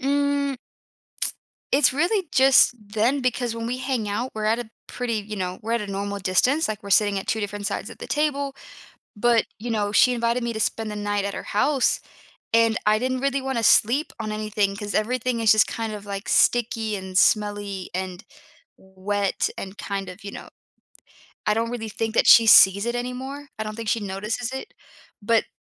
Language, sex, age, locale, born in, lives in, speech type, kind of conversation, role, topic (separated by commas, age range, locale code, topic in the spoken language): English, female, 25-29, en-US, United States, United States, conversation, advice, user, How can I tell a friend their hygiene is bothering me without damaging our friendship?